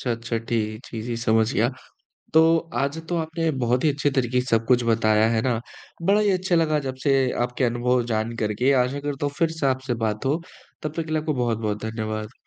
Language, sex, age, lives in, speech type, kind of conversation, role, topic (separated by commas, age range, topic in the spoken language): Hindi, male, 20-24, India, conversation, podcast, host, आपकी रोज़ सुबह की चाय या कॉफ़ी की दिनचर्या कैसी होती है?